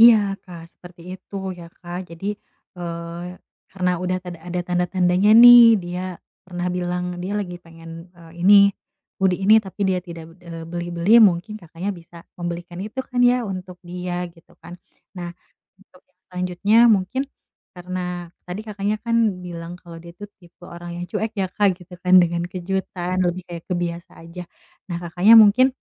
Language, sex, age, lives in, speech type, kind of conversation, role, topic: Indonesian, female, 30-34, Indonesia, conversation, advice, advisor, Bagaimana cara menemukan hadiah yang berkesan untuk orang tersayang?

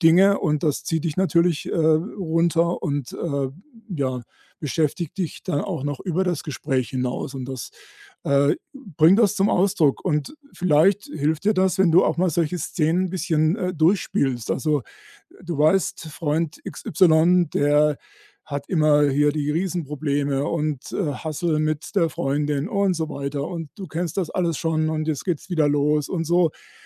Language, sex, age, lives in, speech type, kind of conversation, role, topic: German, male, 60-64, Germany, conversation, advice, advisor, Wie kann ich meinen Freunden sagen, dass mich der Druck belastet, immer verfügbar und unterstützend zu sein?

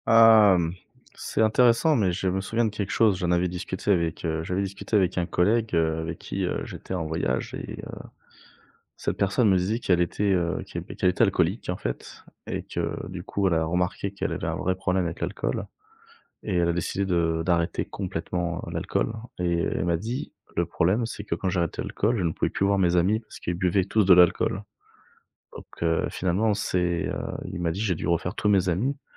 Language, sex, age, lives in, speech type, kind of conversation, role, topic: French, male, 30-34, France, conversation, advice, user, Comment décririez-vous votre dépendance aux somnifères ou à l’alcool pour dormir ?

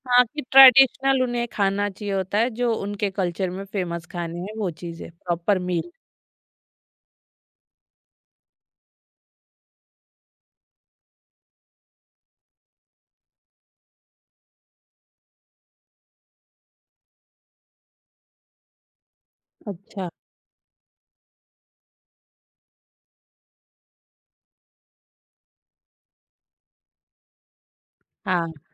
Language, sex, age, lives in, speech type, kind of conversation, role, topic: Hindi, female, 30-34, India, conversation, podcast, host, Ghar ko guest-ready karne ke liye tumhare quick tips kya hain?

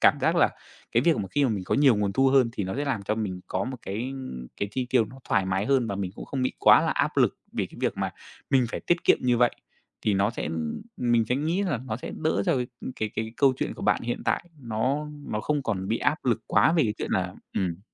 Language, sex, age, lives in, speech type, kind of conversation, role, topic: Vietnamese, male, 25-29, Vietnam, conversation, advice, advisor, Làm thế nào để xây dựng quỹ khẩn cấp khi bạn chưa có khoản dự phòng cho những tình huống bất ngờ?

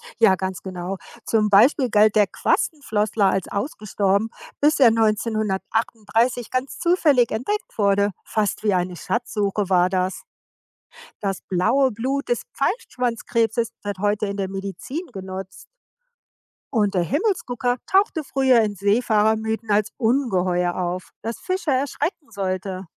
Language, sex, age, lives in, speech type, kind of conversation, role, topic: German, female, 55-59, United States, read, expert-assistant, expert, Wie finde ich spannende und vertrauenswürdige Informationen über das Leben im Ozean?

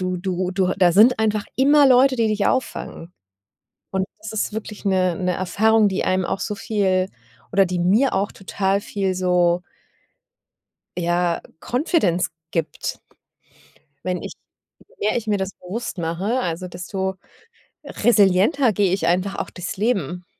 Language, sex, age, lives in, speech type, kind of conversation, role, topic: German, female, 40-44, Germany, conversation, podcast, guest, Was war der schönste Zufall, den du auf einer Reise erlebt hast?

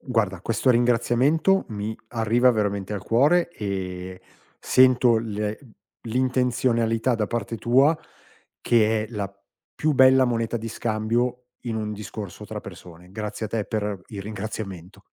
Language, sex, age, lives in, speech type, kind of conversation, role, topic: Italian, male, 50-54, Italy, conversation, podcast, guest, Quali sono le tradizioni della tua famiglia?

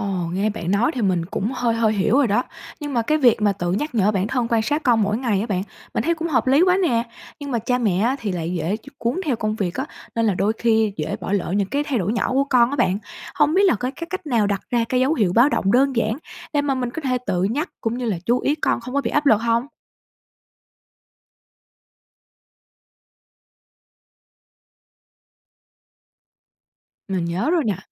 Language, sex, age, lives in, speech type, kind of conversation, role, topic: Vietnamese, female, 25-29, Vietnam, read, expert-assistant, asker, Có cách nào giúp cha mẹ nhận biết và hỗ trợ từng giai đoạn phát triển tâm lý của con hiệu quả hơn không?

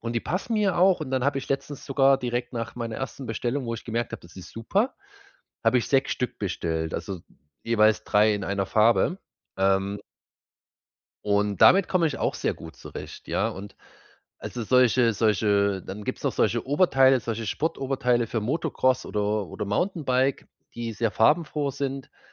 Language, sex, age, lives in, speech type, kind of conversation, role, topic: German, male, 30-34, Germany, conversation, advice, user, Wie finde ich beim Online-Shopping die richtige Kleidergröße?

